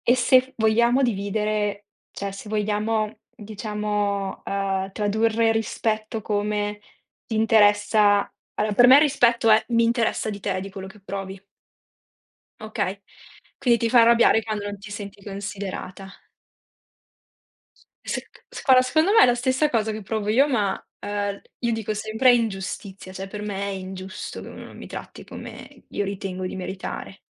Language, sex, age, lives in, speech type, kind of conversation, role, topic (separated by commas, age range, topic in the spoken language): Italian, female, 30-34, Italy, conversation, unstructured, none, Qual è la cosa che ti fa arrabbiare di più nella vita quotidiana?